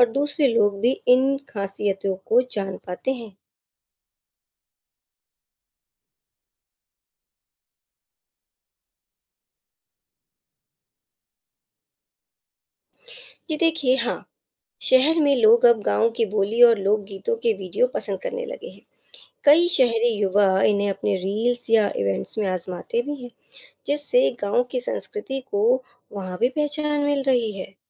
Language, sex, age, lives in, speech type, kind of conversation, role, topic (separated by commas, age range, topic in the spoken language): Hindi, female, 35-39, India, read, expert-assistant, expert, क्या आपको लगता है कि प्रसारण (broadcasting) ने गांव और शहर के बीच सोच या संस्कृति को बदलने में कोई भूमिका निभाई है?